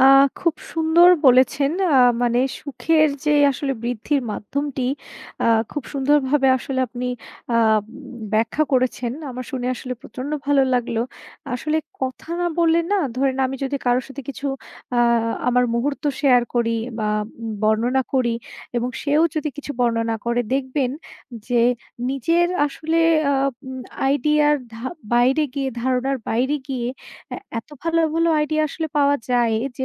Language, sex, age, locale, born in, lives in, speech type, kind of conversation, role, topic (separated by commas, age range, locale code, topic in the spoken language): Bengali, female, 25-29, bn-BD, Bangladesh, Bangladesh, conversation, unstructured, none, আপনি আপনার দৈনন্দিন জীবনে আনন্দ খুঁজে পান কীভাবে?